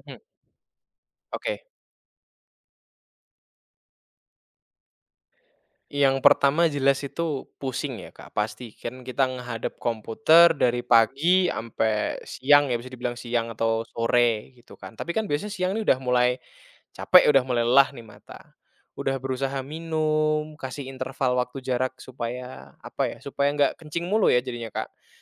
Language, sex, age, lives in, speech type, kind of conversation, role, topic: Indonesian, male, 20-24, Indonesia, conversation, podcast, guest, Bagaimana cara kamu mengatasi kelelahan kerja?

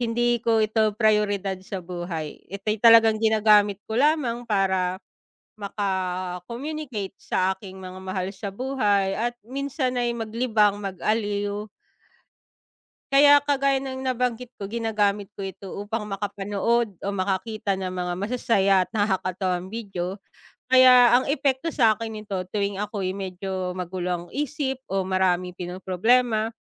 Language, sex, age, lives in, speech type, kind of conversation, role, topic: Filipino, female, 35-39, Philippines, conversation, unstructured, none, Paano nakaapekto ang midyang panlipunan sa iyong damdamin?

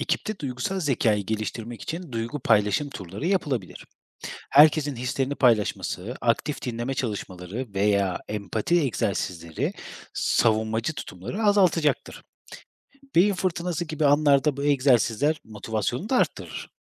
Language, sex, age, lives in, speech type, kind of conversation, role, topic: Turkish, male, 30-34, Germany, read, expert-assistant, expert, Duygusal zekanın ana bileşenleri nelerdir ve bu kavramlar gündelik yaşamda nasıl ortaya çıkar?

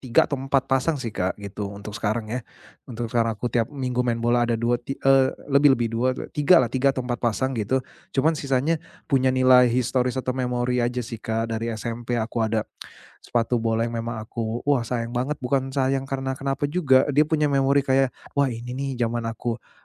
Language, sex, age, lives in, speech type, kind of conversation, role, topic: Indonesian, male, 35-39, Indonesia, conversation, advice, user, Bagaimana cara memutuskan barang mana yang harus disimpan?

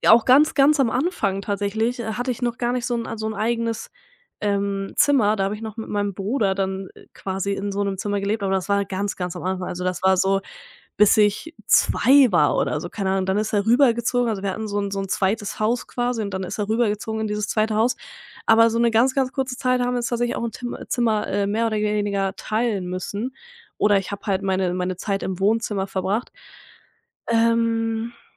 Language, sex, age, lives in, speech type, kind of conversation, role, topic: German, female, 20-24, Germany, conversation, podcast, guest, Wie war deine Kindheit zu Hause?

